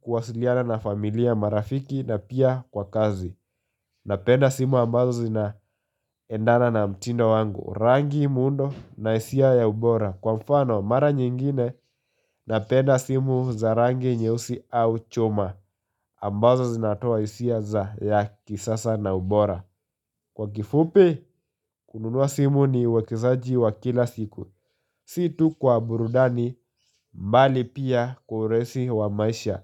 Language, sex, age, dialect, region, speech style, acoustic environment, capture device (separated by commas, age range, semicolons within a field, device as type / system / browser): Swahili, male, 18-24, Kenya - Nairobi Sheng-influenced, Kenya, happy; focused, nature; silence, mobile / Linux / Chrome